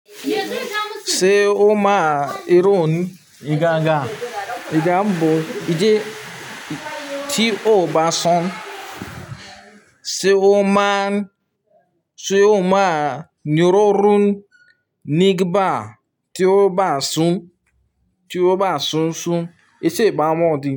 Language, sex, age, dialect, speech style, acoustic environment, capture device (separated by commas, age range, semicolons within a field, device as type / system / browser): Yoruba, male, 25-34, Nigeria - Nigerian Standard, happy, people talking; silence; nature, mobile / Linux / Chrome